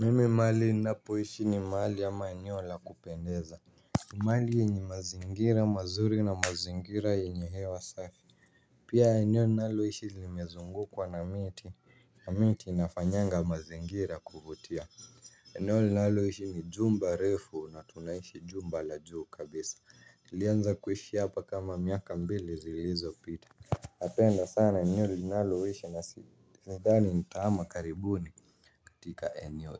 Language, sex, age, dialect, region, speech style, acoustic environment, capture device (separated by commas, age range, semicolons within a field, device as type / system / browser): Swahili, male, 18-24, Kenya - Nairobi Sheng-influenced, Kenya, happy; relaxed, people talking; music; silence; nature, mobile / Linux / Chrome